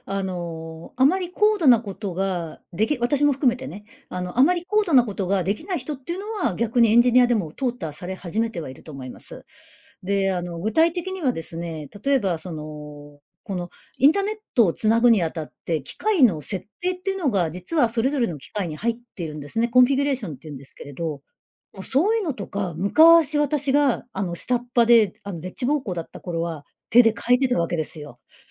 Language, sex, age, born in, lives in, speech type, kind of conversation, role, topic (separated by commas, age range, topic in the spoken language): Japanese, female, 55-59, Japan, Japan, conversation, podcast, guest, 仕事でAIの導入が進んだら、私たちの生活はどう変わると思いますか？